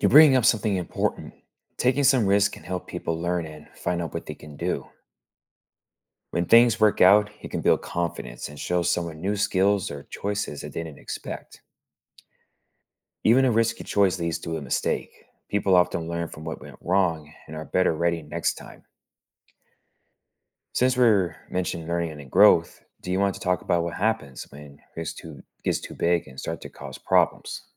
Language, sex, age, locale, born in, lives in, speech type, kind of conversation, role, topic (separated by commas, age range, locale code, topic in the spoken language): English, male, 35-39, en-US, United States, United States, read, expert-assistant, expert, Why do people knowingly take risky actions?